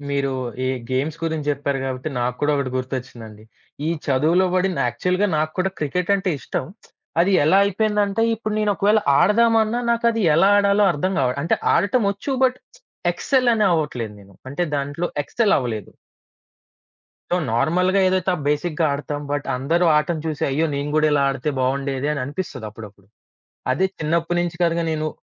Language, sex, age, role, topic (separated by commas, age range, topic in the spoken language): Telugu, male, 25-29, guest, కుటుంబంలో ఉన్న వాతావరణం మీకు చదువు ఆనందంగా అనిపించేందుకు ఎలా సహాయపడింది?